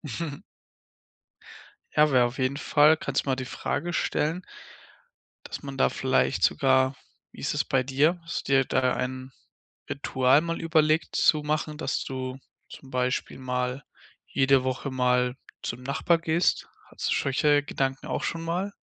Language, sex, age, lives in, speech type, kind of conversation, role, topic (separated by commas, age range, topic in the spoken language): German, male, 20-24, Germany, conversation, podcast, host, Wie nutzt du deine Nachbarschaft oder lokale Gruppen, um Kontakte zu knüpfen und zu pflegen?